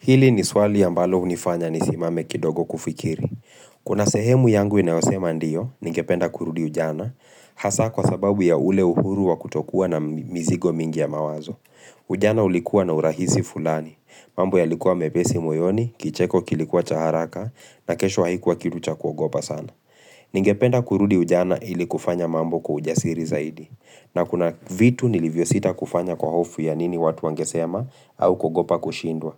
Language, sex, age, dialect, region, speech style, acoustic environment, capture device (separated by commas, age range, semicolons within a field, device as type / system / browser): Swahili, male, 25-34, Kenya - Nairobi Sheng-influenced, Kenya, relaxed; focused, silence; appliances, mobile / Linux / Chrome